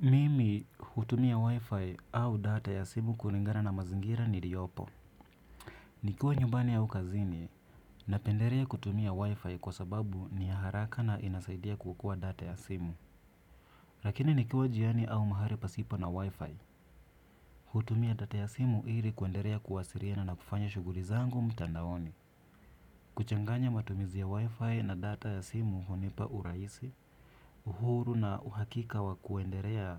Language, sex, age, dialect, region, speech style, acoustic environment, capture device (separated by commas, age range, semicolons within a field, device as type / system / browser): Swahili, male, 25-34, Kenya - Nairobi Sheng-influenced, Kenya, relaxed; focused, silence, desktop / Windows / Chrome